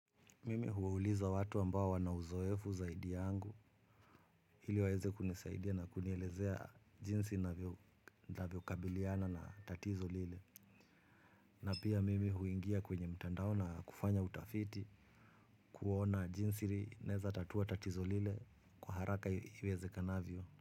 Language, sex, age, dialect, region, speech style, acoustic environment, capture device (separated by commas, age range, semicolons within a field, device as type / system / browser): Swahili, male, 35-44, Kenya - Nairobi Sheng-influenced, Kenya, relaxed, music, mobile / Linux / Chrome